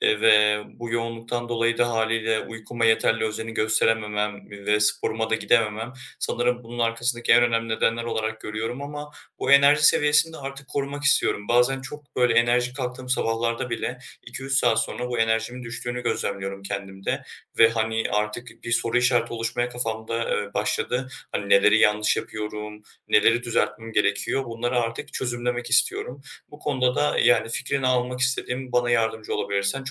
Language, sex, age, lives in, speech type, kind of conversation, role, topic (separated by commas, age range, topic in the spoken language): Turkish, male, 20-24, Germany, conversation, advice, user, Gün boyunca enerji seviyemi dengede tutmak için neler yapabilirim?